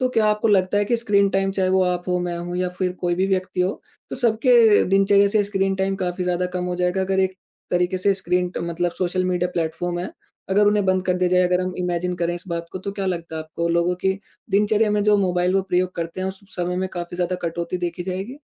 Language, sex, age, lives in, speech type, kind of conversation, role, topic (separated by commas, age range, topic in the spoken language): Hindi, male, 20-24, India, conversation, podcast, host, शाम को स्क्रीन बंद करना तुम्हारे लिए कितना मुश्किल है?